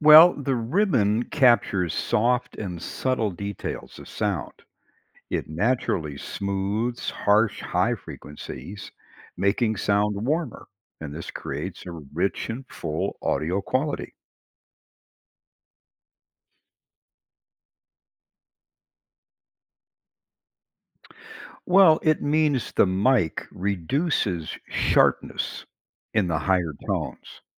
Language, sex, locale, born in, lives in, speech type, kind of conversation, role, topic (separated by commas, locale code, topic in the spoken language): English, male, en-US, United States, United States, read, expert-assistant, expert, How does a ribbon microphone record warm tones?